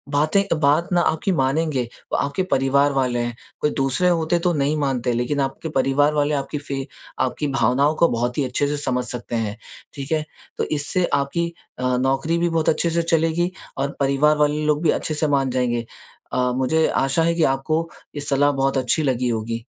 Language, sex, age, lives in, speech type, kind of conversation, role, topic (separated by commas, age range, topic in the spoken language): Hindi, male, 20-24, India, conversation, advice, advisor, मैं अपनी सीमाएँ और प्राथमिकताएँ साफ़ तरीके से कैसे तय करूँ?